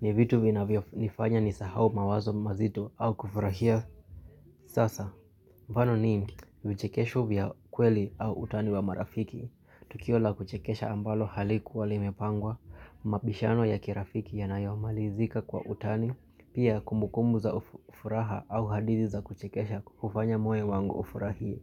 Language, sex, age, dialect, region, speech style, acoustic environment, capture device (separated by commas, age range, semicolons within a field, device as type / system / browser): Swahili, male, 25-34, Kenya - Nairobi Sheng-influenced, Kenya, focused, people talking, mobile / Linux / Chrome